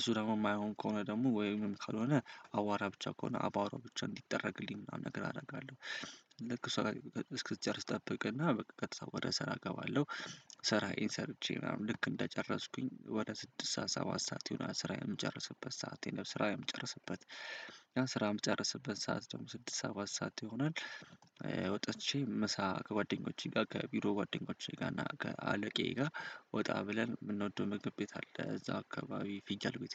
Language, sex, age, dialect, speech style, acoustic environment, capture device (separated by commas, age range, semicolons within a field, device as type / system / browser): Amharic, male, 18-24, Ethiopia - Addis Ababa, happy; excited, silence; nature, desktop / Windows / Chrome